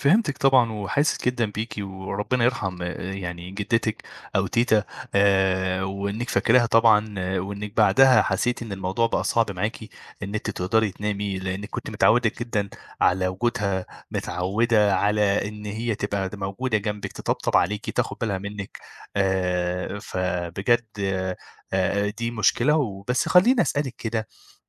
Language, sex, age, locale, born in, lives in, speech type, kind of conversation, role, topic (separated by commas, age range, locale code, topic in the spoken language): Arabic, male, 25-29, ar-EG, Egypt, Egypt, conversation, advice, advisor, إزاي أتعامل مع أرق مستمر وصعوبة إني أسترخي بالليل؟